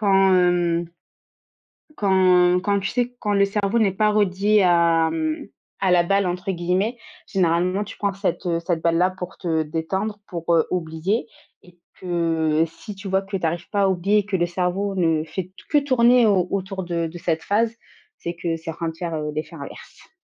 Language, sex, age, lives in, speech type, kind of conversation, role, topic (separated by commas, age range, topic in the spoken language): French, female, 25-29, France, conversation, podcast, guest, As-tu des méthodes simples pour gérer le stress au travail ?